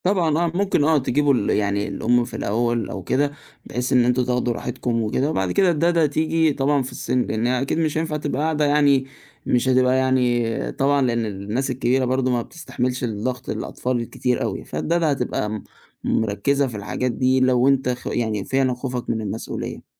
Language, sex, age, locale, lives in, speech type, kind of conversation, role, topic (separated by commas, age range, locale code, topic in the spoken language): Arabic, male, 20-24, ar-EG, Egypt, conversation, advice, advisor, إزاي بتفكر/ي في تأجيل الخلفة أو عدم الخلفة؟